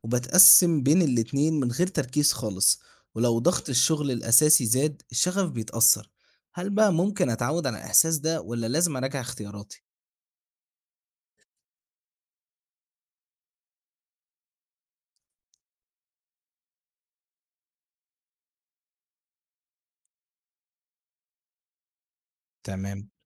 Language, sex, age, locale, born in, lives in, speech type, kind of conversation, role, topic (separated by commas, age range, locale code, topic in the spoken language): Arabic, male, 18-19, ar-EG, Egypt, Egypt, read, expert-assistant, asker, إيه الفرق بين إن الواحد يختار شغل بيحبه وبين شغل بيحققله استقرار مادي؟ وهل في طريقة أوضح أقدر أوازن بينهم؟